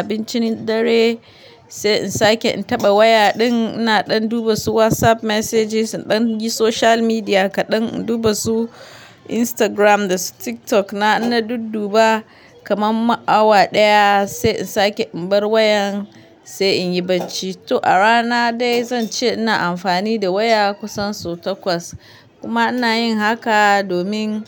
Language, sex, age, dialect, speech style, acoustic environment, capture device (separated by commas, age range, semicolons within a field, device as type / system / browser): Hausa, female, 25-34, Nigeria - Kano, relaxed; focused, silence, mobile / Linux / Chrome